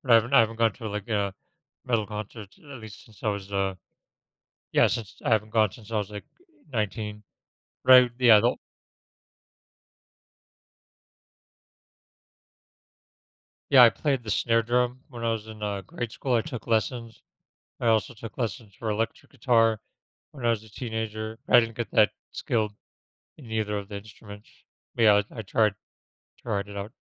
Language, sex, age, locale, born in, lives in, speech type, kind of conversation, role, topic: English, male, 35-39, en-US, United States, United States, conversation, podcast, guest, How do our backgrounds and experiences shape the music we love?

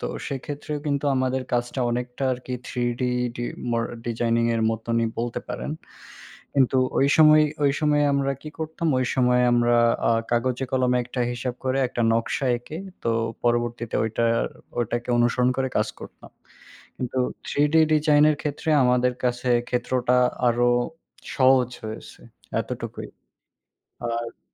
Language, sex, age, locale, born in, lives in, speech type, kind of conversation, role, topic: Bengali, male, 20-24, bn-BD, Bangladesh, Bangladesh, conversation, unstructured, none, আপনি কি প্রযুক্তির সাহায্যে আপনার সৃজনশীলতা বাড়াতে পেরেছেন?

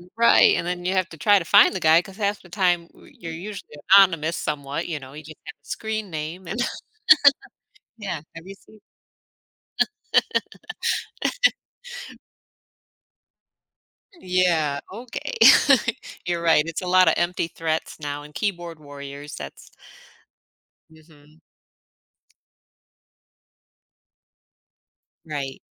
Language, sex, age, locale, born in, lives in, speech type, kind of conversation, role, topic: English, female, 40-44, en-US, United States, United States, conversation, podcast, host, How has technology changed the way we form and maintain friendships?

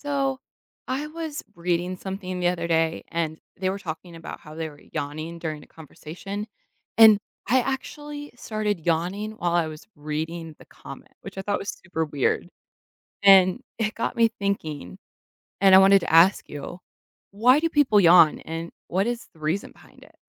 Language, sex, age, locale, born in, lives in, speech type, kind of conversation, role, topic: English, female, 30-34, en-US, United States, United States, read, expert-assistant, asker, Why do we yawn?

